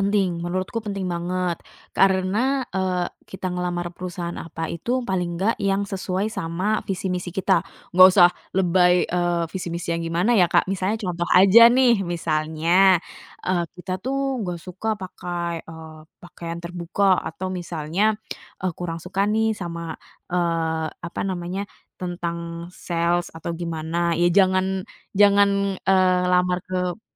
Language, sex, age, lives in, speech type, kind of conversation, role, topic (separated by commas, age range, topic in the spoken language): Indonesian, female, 30-34, Indonesia, conversation, podcast, guest, Apa tipsmu untuk mencari pekerjaan yang paling cocok buatmu?